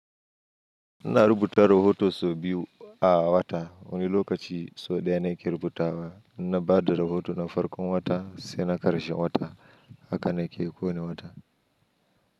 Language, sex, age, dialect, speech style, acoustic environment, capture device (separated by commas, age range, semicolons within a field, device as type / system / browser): Hausa, male, 25-34, Nigeria - Nigerian Standard, anxious; happy, silence; nature, mobile / Linux / Chrome